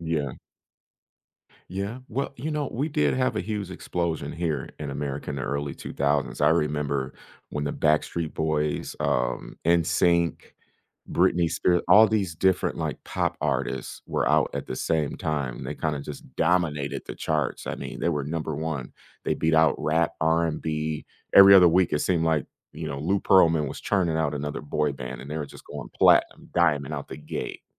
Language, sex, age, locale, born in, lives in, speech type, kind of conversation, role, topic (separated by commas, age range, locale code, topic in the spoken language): English, male, 35-39, en-US, United States, United States, conversation, unstructured, none, Which songs should I use to introduce someone to my hometown?